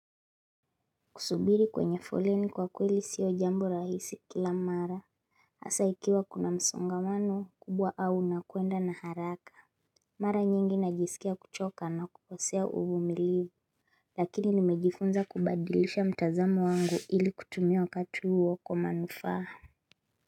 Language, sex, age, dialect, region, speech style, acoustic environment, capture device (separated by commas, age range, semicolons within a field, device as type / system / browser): Swahili, female, 25-34, Kenya - Mombasa, Kenya, focused, silence, mobile / Linux / Chrome